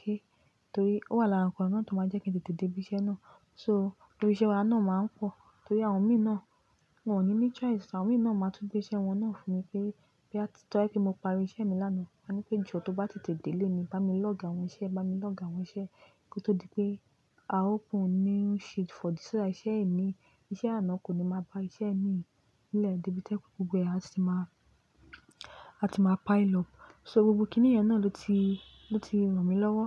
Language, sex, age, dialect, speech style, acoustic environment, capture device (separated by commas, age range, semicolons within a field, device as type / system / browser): Yoruba, female, 25-34, Nigeria - Lagos Yoruba, relaxed, nature, mobile / Linux / Chrome